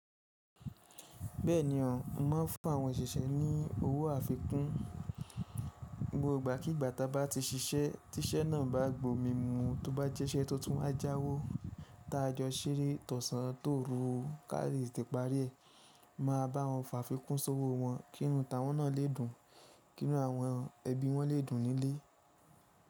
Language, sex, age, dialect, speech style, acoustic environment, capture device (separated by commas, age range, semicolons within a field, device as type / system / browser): Yoruba, male, 25-34, Nigeria - Ibadan, happy, nature; appliances, mobile / Linux / Chrome